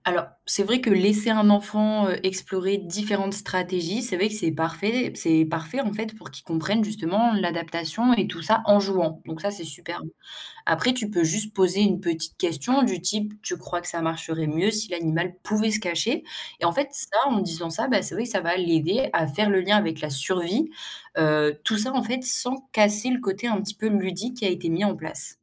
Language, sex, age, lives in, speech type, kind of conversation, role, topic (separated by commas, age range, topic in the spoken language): French, female, 18-19, France, read, expert-assistant, expert, Quelles méthodes rendent l’évolution plus claire à imaginer ou à expliquer à quelqu’un ?